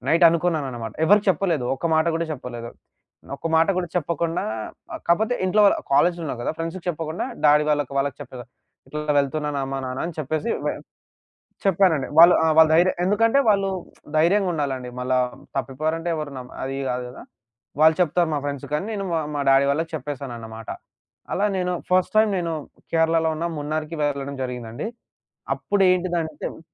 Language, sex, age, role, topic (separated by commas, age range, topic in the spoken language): Telugu, male, 20-24, guest, మొదటి ఒంటరి ప్రయాణం నుంచి మీరు ఏమి నేర్చుకున్నారు?